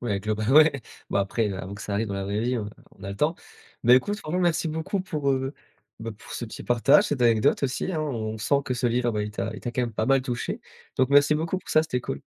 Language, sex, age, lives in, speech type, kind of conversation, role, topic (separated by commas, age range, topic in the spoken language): French, male, 20-24, France, conversation, podcast, host, Quel livre t’a le plus marqué, et pourquoi ?